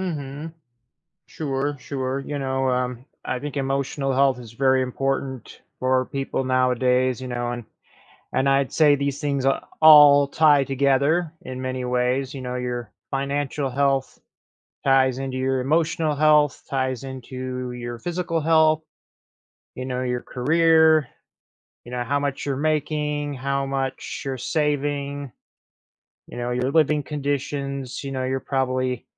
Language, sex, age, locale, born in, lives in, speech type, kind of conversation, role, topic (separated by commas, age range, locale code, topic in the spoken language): English, male, 30-34, en-US, United States, United States, conversation, podcast, host, How do you see your goals and priorities changing over the next decade?